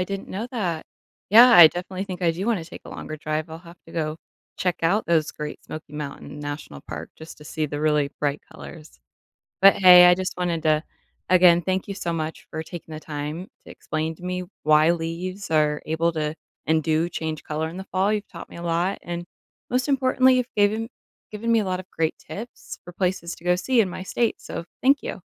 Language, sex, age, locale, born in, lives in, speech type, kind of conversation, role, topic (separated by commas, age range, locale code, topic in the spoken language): English, female, 30-34, en-US, United States, United States, read, expert-assistant, asker, Why do leaves change color in fall?